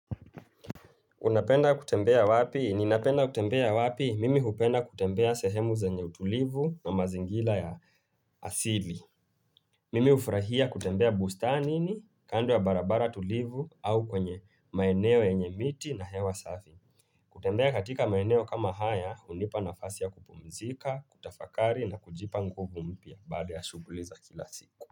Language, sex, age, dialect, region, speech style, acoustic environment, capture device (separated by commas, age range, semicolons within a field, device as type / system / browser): Swahili, male, 25-34, Kenya - Nairobi Sheng-influenced, Kenya, relaxed; focused, nature; appliances, mobile / Linux / Chrome